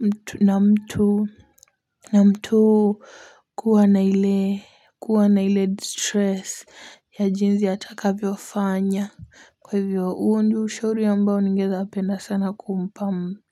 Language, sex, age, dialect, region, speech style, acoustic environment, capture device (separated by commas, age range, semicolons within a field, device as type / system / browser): Swahili, female, 18-24, Kenya - Mombasa, Kenya, relaxed, silence, mobile / Linux / Chrome